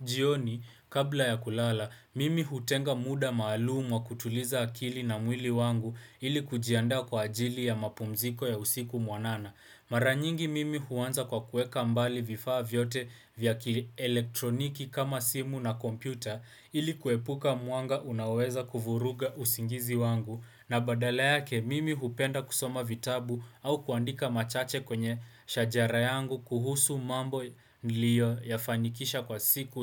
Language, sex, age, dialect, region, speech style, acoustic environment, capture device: Swahili, male, 18-24, Kenya - Nairobi Sheng-influenced, Kenya, relaxed, appliances, desktop / Windows / Chrome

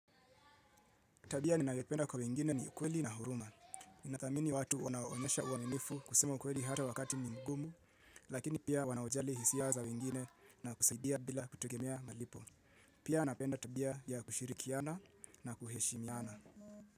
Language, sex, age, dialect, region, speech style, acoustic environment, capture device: Swahili, male, 25-34, Kenya - Nairobi Sheng-influenced, Kenya, relaxed; focused, silence; people talking; appliances, mobile / Linux / Chrome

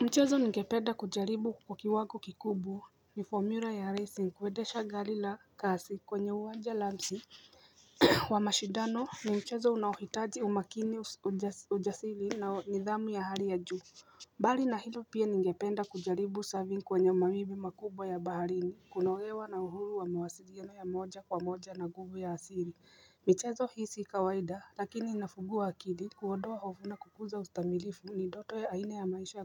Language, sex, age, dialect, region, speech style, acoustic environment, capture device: Swahili, female, 35-44, Kenya - Nairobi Sheng-influenced, Kenya, happy, silence, mobile / Linux / Chrome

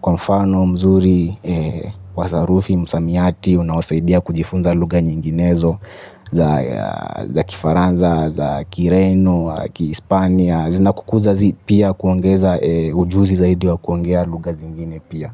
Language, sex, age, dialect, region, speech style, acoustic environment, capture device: Swahili, male, 25-34, Kenya - Mombasa, Kenya, focused, nature; people talking, mobile / Linux / Chrome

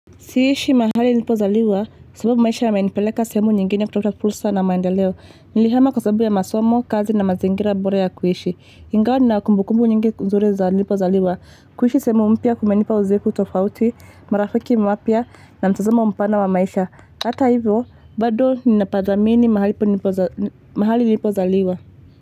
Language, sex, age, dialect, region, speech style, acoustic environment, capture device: Swahili, female, 25-34, Kenya - Nairobi Sheng-influenced, Kenya, focused, traffic, mobile / Linux / Chrome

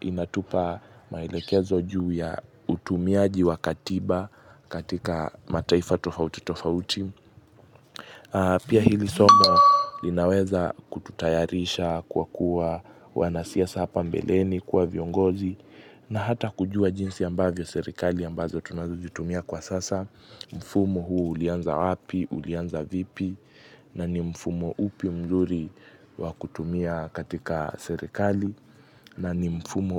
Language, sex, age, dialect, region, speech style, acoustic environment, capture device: Swahili, male, 18-24, Kenya - Mombasa, Kenya, focused, nature, mobile / Linux / Chrome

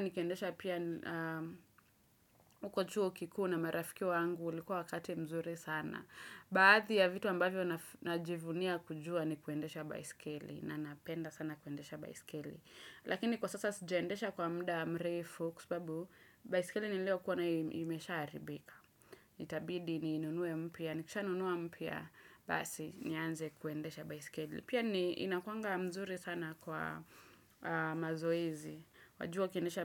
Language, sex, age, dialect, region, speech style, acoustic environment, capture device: Swahili, female, 25-34, Kenya - Nairobi Sheng-influenced, Kenya, focused, silence, desktop / Windows / Chrome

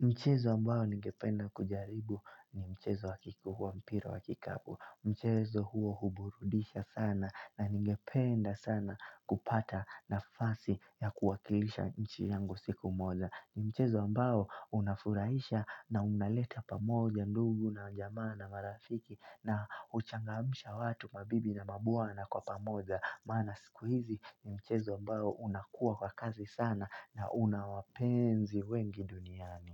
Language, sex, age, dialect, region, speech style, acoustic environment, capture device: Swahili, male, 18-24, Kenya - Nairobi Sheng-influenced, Kenya, relaxed; focused, music; nature; people talking, mobile / Linux / Chrome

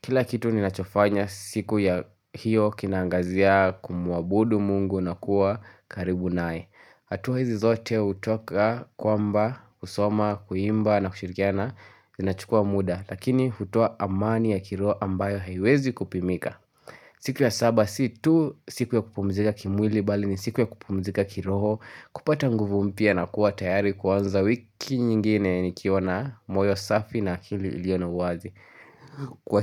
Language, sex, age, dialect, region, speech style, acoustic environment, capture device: Swahili, male, 18-24, Kenya - Nairobi Sheng-influenced, Kenya, relaxed, nature; silence, mobile / Linux / Chrome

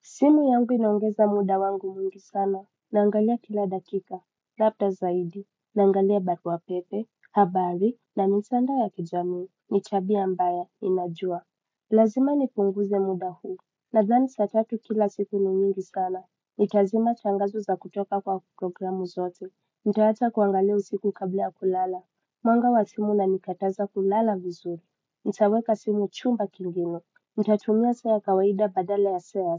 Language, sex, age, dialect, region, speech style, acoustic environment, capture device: Swahili, male, 25-34, Kenya - Mombasa, Kenya, relaxed, music, desktop / Windows / Chrome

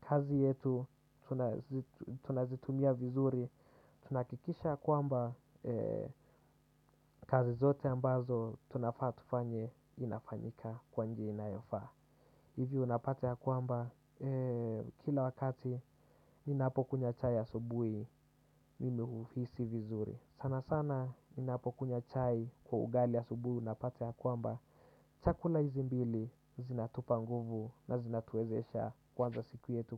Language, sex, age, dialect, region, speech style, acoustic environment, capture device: Swahili, male, 18-24, Kenya - Nairobi Sheng-influenced, Kenya, relaxed; focused, silence, desktop / Windows / Chrome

